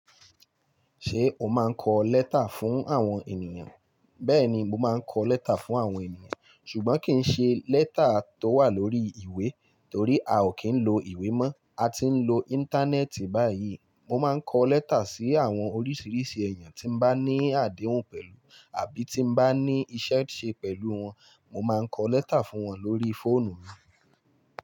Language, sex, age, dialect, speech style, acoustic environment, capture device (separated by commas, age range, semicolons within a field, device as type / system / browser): Yoruba, male, 25-34, Nigeria - Lagos Yoruba, nervous, appliances; music; people talking, mobile / Linux / Chrome